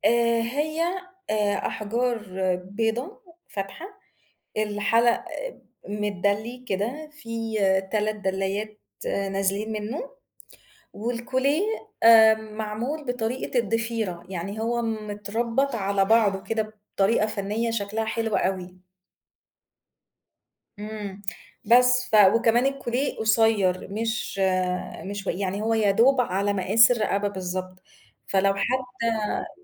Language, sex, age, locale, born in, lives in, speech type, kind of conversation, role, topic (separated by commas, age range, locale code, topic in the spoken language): Arabic, female, 35-39, ar-EG, Egypt, Egypt, conversation, podcast, guest, إيه دور المجوهرات العائلية في ستايلك؟